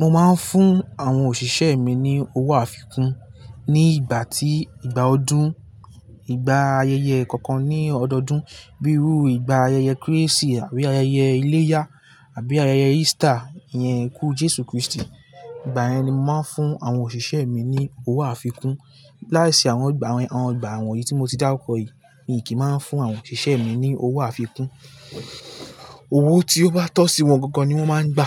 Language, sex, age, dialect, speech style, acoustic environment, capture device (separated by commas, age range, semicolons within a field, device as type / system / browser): Yoruba, male, 18-24, Nigeria - Lagos Yoruba, relaxed, nature, mobile / Linux / Chrome